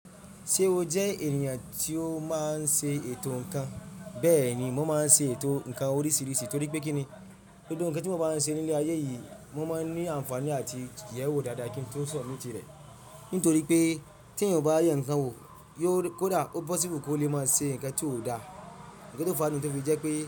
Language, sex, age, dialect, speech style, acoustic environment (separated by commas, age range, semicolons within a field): Yoruba, male, 25-34, Nigeria - Ibadan, relaxed, traffic; silence